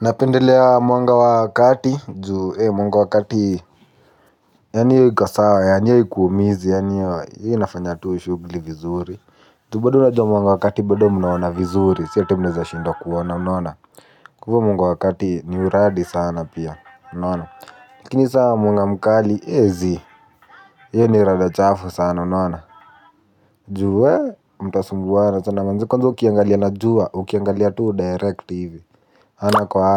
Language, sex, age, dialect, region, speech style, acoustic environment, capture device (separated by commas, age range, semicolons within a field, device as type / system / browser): Swahili, male, 18-24, Kenya - Nairobi Sheng-influenced, Kenya, happy, music; nature, mobile / Linux / Chrome